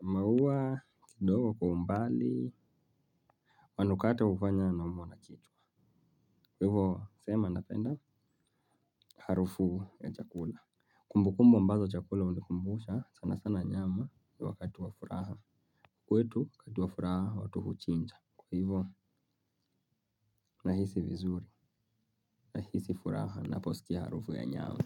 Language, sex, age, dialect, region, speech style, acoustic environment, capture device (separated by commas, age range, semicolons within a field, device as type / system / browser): Swahili, male, 25-34, Kenya - Nairobi Sheng-influenced, Kenya, relaxed; focused, nature, mobile / Linux / Chrome